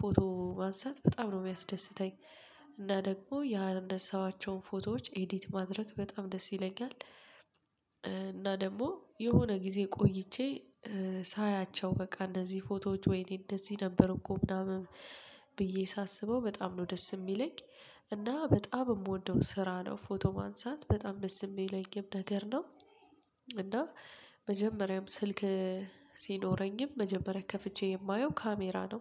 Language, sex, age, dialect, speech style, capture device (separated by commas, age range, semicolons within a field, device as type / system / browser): Amharic, female, 25-34, Ethiopia - Addis Ababa, tired, mobile / Linux / Chrome